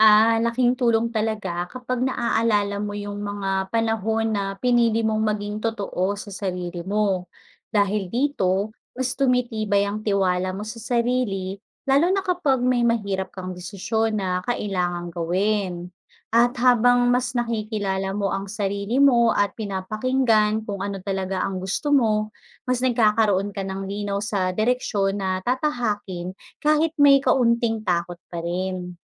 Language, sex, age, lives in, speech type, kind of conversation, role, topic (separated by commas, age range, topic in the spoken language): Filipino, female, 35-39, Philippines, read, expert-assistant, expert, Bakit mahalaga ang pagkakaroon ng pangarap sa buhay?